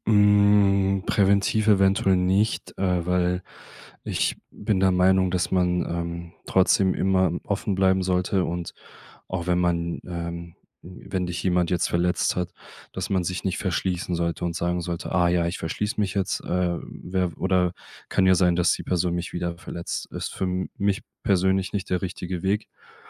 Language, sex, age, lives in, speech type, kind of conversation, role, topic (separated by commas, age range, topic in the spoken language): German, male, 25-29, Germany, conversation, podcast, guest, Wie versöhnst du dich mit jemandem, der dich enttäuscht hat?